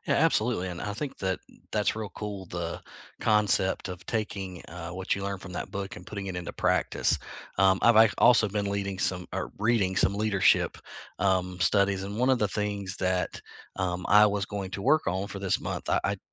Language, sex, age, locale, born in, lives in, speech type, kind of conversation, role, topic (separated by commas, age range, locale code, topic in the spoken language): English, male, 40-44, en-US, United States, United States, conversation, unstructured, none, What is something new you learned this month, and how has it shown up in your life?